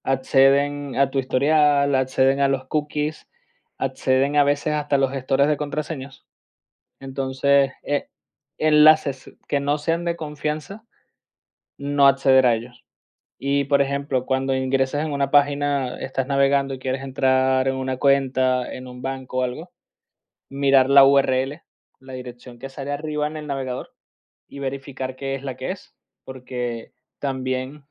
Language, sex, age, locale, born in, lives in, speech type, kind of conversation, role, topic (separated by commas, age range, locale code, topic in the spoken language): Spanish, male, 30-34, es-VE, Venezuela, Spain, conversation, podcast, guest, ¿Cómo te proteges de las estafas y los fraudes en línea?